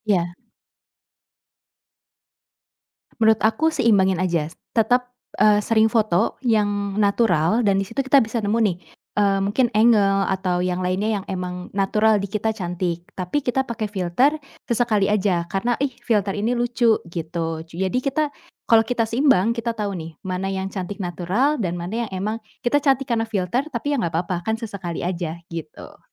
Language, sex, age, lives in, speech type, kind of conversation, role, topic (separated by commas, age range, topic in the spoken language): Indonesian, female, 25-29, Indonesia, conversation, podcast, guest, Apa dampak filter foto terhadap cara orang melihat diri sendiri?